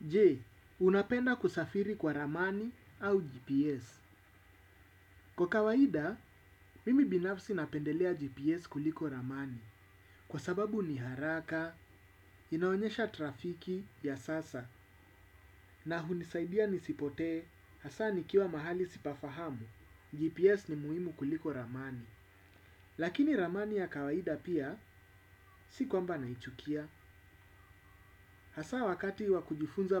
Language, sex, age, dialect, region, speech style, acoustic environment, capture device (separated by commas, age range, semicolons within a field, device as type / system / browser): Swahili, male, 35-44, Kenya - Nairobi Sheng-influenced, Kenya, focused, appliances; silence, desktop / Windows / Chrome